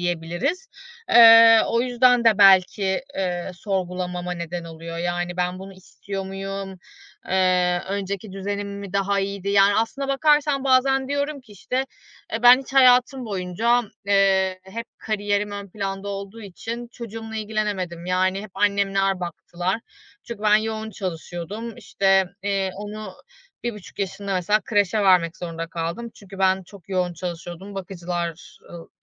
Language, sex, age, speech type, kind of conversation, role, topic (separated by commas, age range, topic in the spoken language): Turkish, female, 35-39, conversation, advice, user, İş kaybından sonra yeni bir kariyer ararken kimliğimi nasıl yeniden tanımlayabilirim?